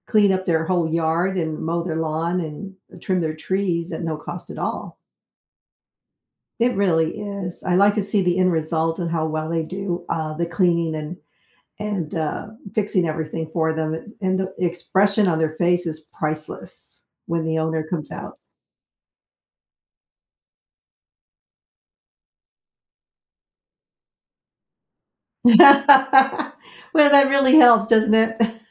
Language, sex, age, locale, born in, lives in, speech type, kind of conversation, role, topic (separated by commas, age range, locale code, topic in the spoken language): English, female, 65-69, en-US, United States, United States, conversation, unstructured, none, What would you do if you had the chance to be invisible for a day?